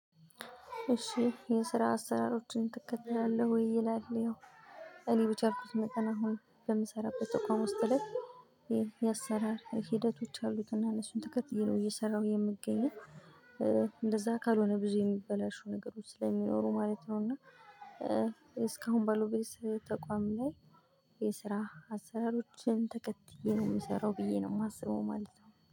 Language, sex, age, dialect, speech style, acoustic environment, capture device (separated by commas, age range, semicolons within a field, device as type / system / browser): Amharic, female, 25-34, Ethiopia - Gojjam, happy; relaxed, silence, mobile / Linux / Chrome